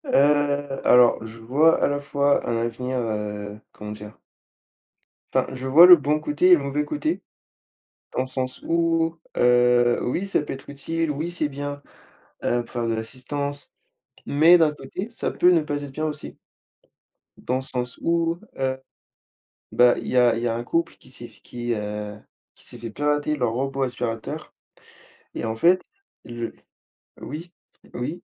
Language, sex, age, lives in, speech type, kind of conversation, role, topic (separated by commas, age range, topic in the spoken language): French, male, 20-24, France, conversation, unstructured, none, Comment voyez-vous l’avenir des objets connectés dans votre maison ?